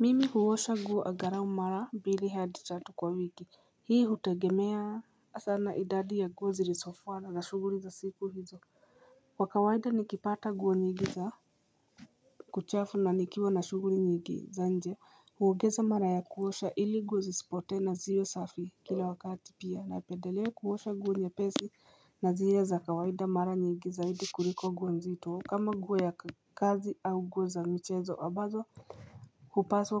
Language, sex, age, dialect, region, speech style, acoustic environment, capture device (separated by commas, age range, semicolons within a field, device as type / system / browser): Swahili, female, 35-44, Kenya - Nairobi Sheng-influenced, Kenya, nervous, silence, mobile / Linux / Chrome